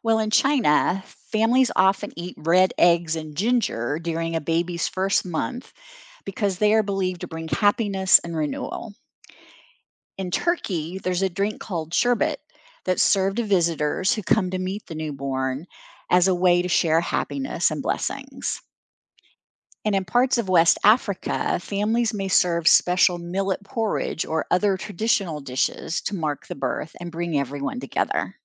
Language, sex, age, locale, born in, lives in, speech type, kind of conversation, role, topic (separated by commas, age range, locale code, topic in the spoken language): English, female, 60-64, en-US, United States, United States, read, expert-assistant, expert, What are the different traditions around the world for welcoming a newborn baby?